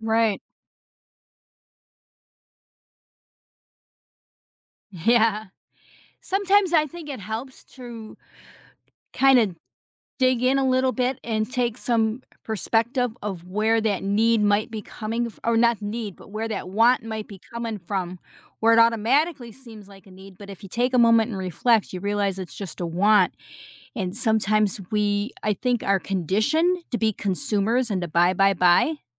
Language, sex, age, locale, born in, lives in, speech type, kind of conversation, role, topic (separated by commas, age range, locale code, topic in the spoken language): English, female, 55-59, en-US, United States, United States, conversation, podcast, host, How do you decide what is truly essential in your life?